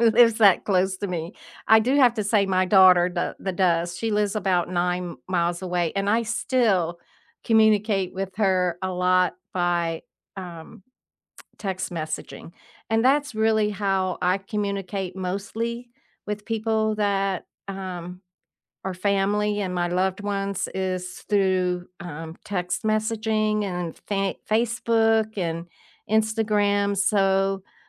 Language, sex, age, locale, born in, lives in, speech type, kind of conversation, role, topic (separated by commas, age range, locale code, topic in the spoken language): English, female, 70-74, en-US, United States, United States, conversation, unstructured, none, How do you balance digital and face-to-face communication with the people you love?